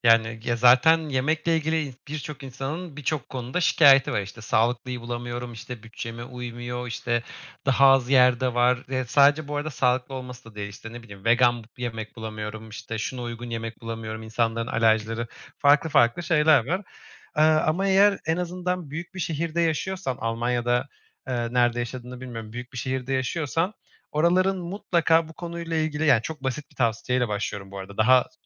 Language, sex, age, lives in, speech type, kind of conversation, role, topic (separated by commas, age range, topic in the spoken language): Turkish, male, 25-29, Germany, conversation, advice, advisor, Bütçemle uyumlu sağlıklı yemekler bulmaya nereden başlamalıyım?